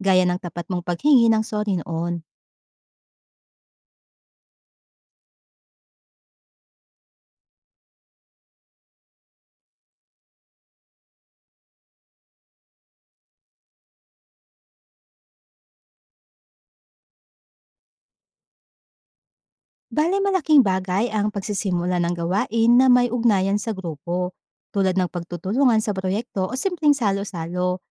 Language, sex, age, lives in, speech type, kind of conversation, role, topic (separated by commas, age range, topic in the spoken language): Filipino, female, 30-34, Philippines, read, expert-assistant, expert, Kung may pagkakataon kang matuto tungkol sa iba’t ibang relihiyon, ano ang dapat mong bigyang-pansin muna: ang paniniwala nila, ang kanilang mga gawain, o ang paraan ng pamumuhay nila?